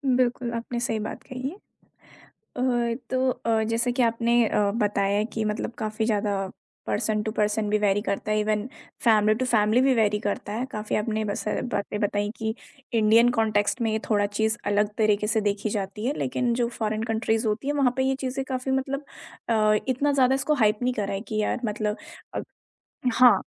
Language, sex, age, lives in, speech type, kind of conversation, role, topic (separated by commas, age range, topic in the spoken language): Hindi, female, 20-24, India, conversation, podcast, host, Privacy aur personal space ko लेकर family mein expectations kaise alag hoti hain?